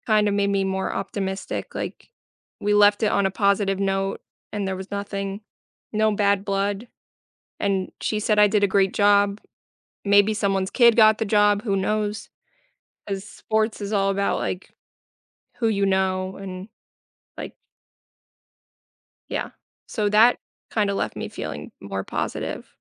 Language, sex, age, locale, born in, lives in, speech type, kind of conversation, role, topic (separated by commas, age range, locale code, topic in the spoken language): English, female, 25-29, en-US, United States, United States, conversation, advice, user, How can I rebuild my career and find stable work after a job loss?